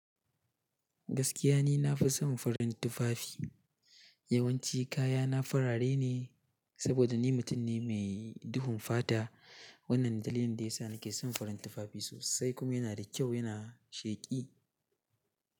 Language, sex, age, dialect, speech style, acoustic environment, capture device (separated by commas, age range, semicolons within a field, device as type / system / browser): Hausa, male, 18-24, Nigeria - Katsina, happy, silence, mobile / Linux / Chrome